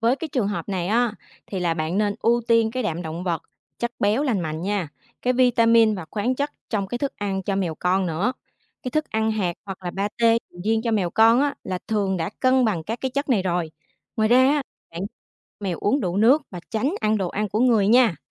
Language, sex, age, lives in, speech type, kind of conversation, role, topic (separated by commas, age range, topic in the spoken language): Vietnamese, female, 25-29, Vietnam, read, expert-assistant, expert, Nên chọn loại thức ăn nào cho mèo con mới nhận nuôi để đảm bảo sức khỏe?